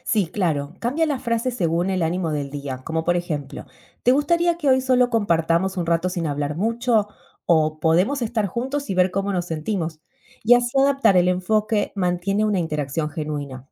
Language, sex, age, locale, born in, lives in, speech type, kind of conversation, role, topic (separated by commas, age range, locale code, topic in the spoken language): Spanish, female, 35-39, es-AR, Argentina, United States, read, expert-assistant, expert, ¿De qué formas creativas se puede mantener una comunicación abierta y honesta en relaciones a distancia?